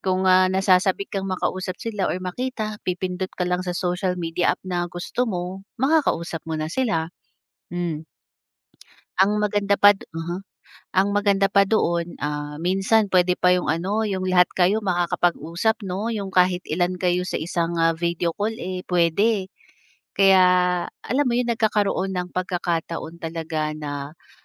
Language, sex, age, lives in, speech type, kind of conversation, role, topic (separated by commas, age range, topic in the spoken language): Filipino, female, 30-34, Philippines, conversation, podcast, guest, Ano ang epekto ng teknolohiya sa ugnayan ng pamilya?